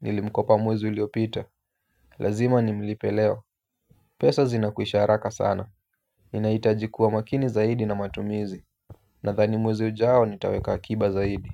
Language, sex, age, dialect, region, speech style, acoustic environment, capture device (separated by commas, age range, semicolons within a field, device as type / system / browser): Swahili, male, 25-34, Kenya - Nairobi Sheng-influenced, Kenya, relaxed; focused, silence; appliances, mobile / Linux / Chrome